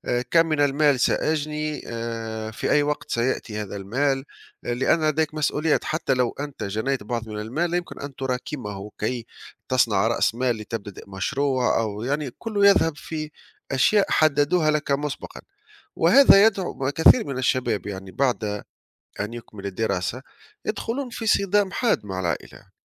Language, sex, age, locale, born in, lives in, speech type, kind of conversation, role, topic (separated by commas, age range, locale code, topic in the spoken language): Arabic, male, 35-39, ar-TN, Tunisia, Italy, conversation, unstructured, none, إزاي تختار الشغل اللي مناسب ليك في حياتك؟